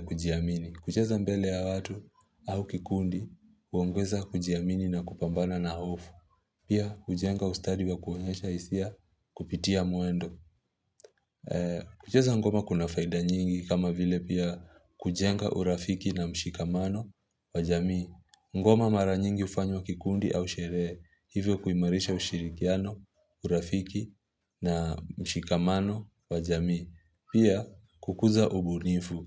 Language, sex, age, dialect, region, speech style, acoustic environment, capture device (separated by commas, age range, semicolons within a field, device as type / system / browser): Swahili, male, 25-34, Kenya - Mombasa, Kenya, relaxed; focused, nature; silence, mobile / Linux / Chrome